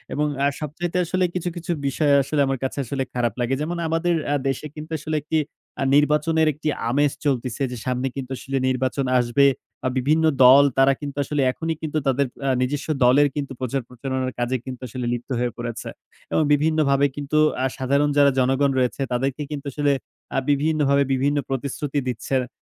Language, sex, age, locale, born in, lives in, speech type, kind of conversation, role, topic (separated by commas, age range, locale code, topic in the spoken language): Bengali, male, 20-24, bn-BD, Bangladesh, Bangladesh, conversation, unstructured, none, তুমি কি মনে করো, কখনো কখনো সত্যের চেয়ে শান্তি বেশি মূল্যবান?